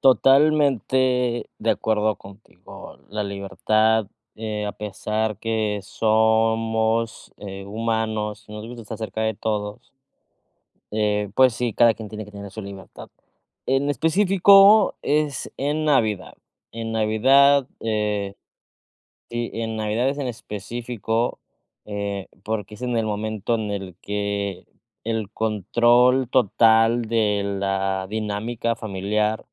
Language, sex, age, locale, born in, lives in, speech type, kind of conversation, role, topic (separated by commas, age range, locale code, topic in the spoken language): Spanish, male, 30-34, es-MX, Mexico, Mexico, conversation, advice, user, ¿Cómo puedo equilibrar la tradición familiar con mi autonomía personal?